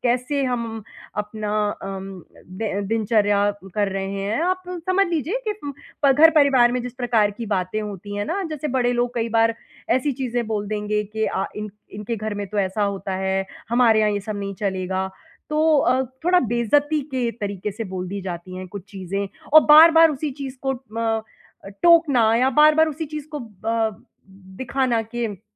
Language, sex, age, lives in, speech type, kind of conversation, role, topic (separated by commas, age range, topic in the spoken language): Hindi, female, 30-34, India, conversation, advice, user, मैं भावनात्मक बोझ से जल्दी कैसे उबरूँ और शांत कैसे महसूस करूँ?